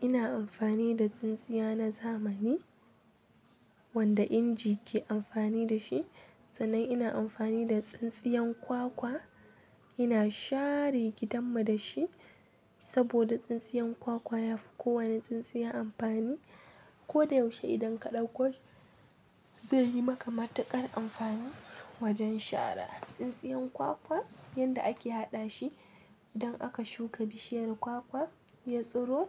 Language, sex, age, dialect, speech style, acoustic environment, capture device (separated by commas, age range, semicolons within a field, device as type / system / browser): Hausa, female, 18-24, Nigeria - Kano, happy, nature, mobile / Linux / Chrome